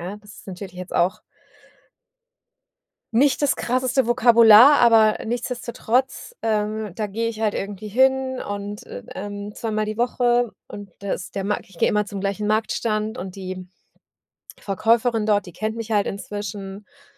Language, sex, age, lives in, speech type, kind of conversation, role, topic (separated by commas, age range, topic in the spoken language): German, female, 40-44, Germany, conversation, advice, user, Wie bleibe ich motiviert, wenn ich keine Fortschritte sehe?